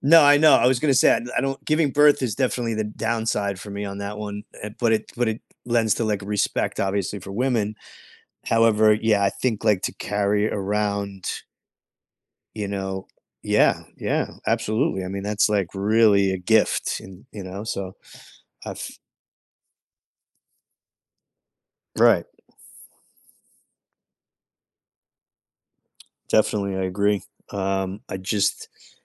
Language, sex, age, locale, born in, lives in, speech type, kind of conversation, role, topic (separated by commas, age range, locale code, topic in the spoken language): English, male, 45-49, en-US, United States, United States, conversation, unstructured, none, Would you rather be able to change your age at will or change your gender at will?